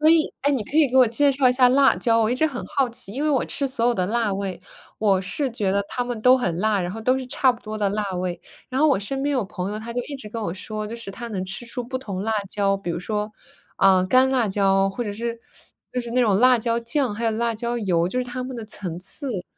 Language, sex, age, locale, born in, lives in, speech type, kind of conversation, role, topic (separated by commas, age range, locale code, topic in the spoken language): Chinese, female, 25-29, zh-CN, United States, United States, conversation, unstructured, none, 你有没有尝试过用新的食材做菜？